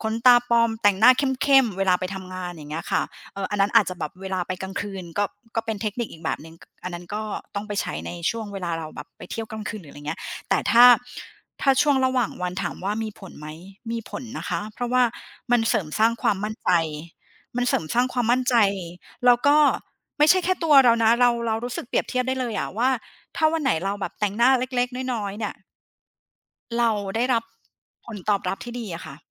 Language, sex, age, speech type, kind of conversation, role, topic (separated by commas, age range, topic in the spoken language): Thai, female, 40-44, conversation, podcast, guest, คุณมีเทคนิคแต่งตัวยังไงให้รู้สึกมั่นใจมากขึ้นไหม?